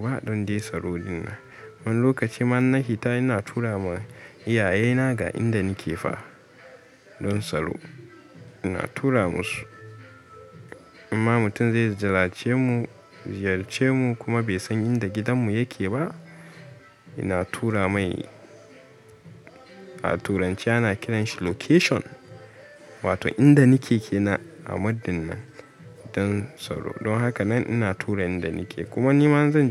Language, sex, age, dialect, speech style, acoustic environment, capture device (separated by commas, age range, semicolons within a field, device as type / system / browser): Hausa, male, 18-24, Nigeria - Katsina, stressed, people talking, mobile / Linux / Chrome